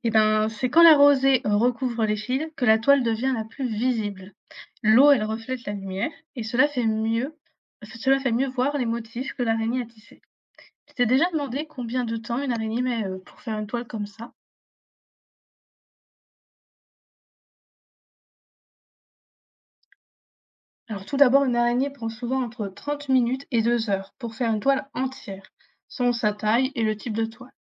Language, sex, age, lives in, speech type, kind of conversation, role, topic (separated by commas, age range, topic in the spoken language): French, female, 20-24, France, read, expert-assistant, expert, Comment les araignées tissent-elles leurs toiles ?